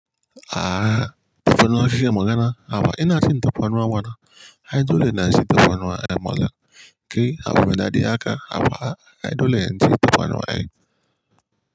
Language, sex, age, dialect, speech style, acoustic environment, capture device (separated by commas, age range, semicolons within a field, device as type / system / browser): Hausa, male, 18-24, Nigeria - Kano, nervous; excited; focused; tired; anxious; happy, appliances; nature; silence, mobile / Linux / Chrome